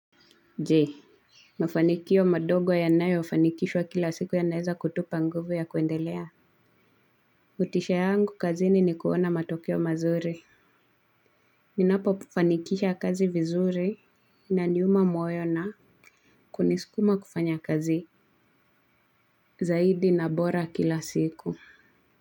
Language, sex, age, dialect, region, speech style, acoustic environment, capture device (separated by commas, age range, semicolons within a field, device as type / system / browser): Swahili, female, 25-34, Kenya - Nairobi Sheng-influenced, Kenya, relaxed, nature, mobile / Linux / Chrome